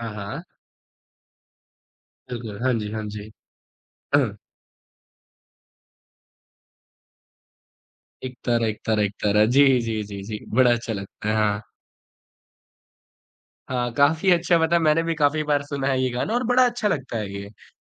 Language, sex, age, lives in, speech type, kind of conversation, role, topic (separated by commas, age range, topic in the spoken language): Hindi, male, 20-24, India, conversation, podcast, host, आपकी पसंदीदा फिल्म आपके लिए इतनी खास क्यों है?